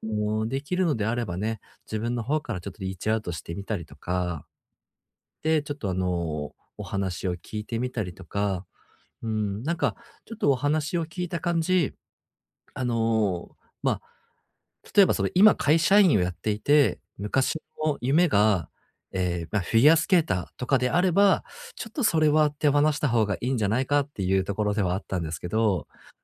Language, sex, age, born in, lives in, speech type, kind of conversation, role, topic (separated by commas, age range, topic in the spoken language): Japanese, male, 30-34, Japan, Japan, conversation, advice, advisor, 叶わなかった夢や未達成の計画を、どのように手放せばよいですか？